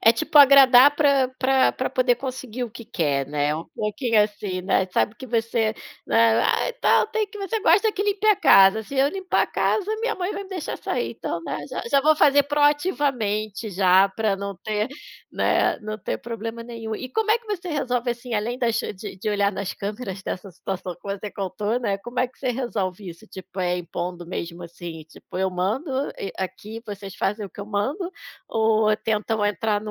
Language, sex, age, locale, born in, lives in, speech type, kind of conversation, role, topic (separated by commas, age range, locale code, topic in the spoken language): Portuguese, female, 40-44, pt-BR, Brazil, Portugal, conversation, podcast, host, Como você divide as tarefas domésticas com quem mora com você?